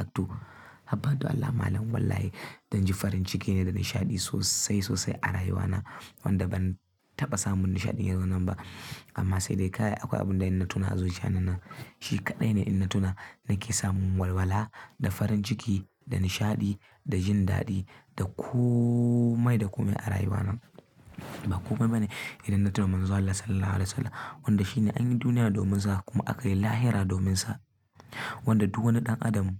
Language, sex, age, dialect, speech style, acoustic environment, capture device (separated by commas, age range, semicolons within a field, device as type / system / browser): Hausa, male, 18-24, Nigeria - Kano, happy; focused; excited, silence, mobile / Linux / Chrome